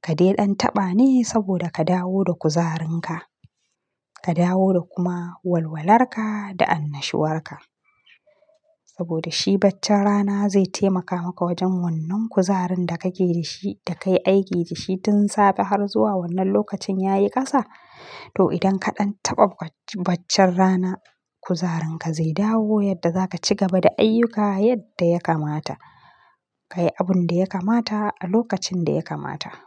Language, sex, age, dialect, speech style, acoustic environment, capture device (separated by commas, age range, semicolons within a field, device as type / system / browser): Hausa, female, 25-34, Nigeria - Kano, relaxed, nature; silence, mobile / Linux / Chrome